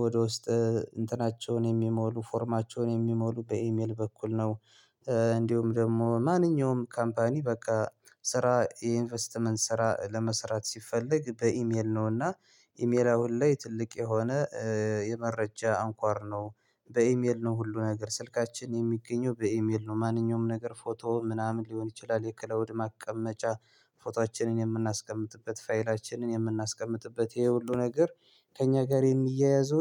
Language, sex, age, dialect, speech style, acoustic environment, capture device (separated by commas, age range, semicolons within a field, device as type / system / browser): Amharic, male, 25-34, Ethiopia - Gondar, relaxed, silence, mobile / Linux / Chrome